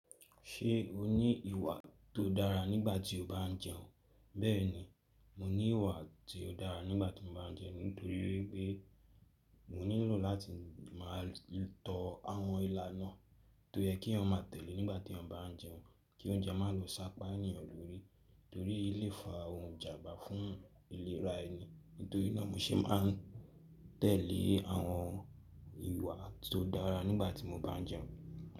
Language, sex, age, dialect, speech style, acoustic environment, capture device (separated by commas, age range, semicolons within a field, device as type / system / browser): Yoruba, male, 18-24, Nigeria - Lagos Yoruba, excited, nature, mobile / Linux / Chrome